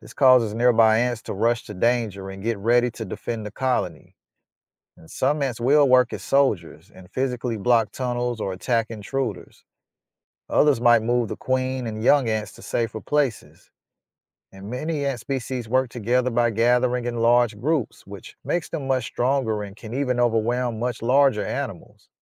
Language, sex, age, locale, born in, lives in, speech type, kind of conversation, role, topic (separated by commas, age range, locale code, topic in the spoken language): English, male, 35-39, en-US, United States, United States, read, expert-assistant, expert, How do ants organize their colonies and work together?